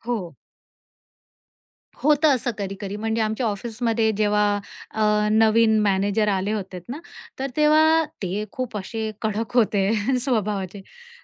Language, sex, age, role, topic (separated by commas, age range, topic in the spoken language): Marathi, female, 45-49, guest, लहानसहान गोष्टींसाठी आभार व्यक्त करण्याची सवय तुम्ही कशी लावली?